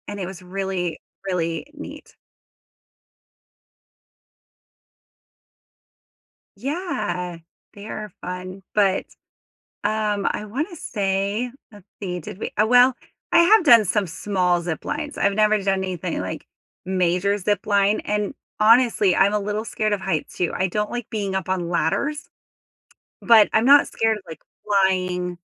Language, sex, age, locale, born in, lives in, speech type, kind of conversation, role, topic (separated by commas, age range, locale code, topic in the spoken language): English, female, 35-39, en-US, United States, United States, conversation, unstructured, none, What is your favorite way to enjoy nature outdoors?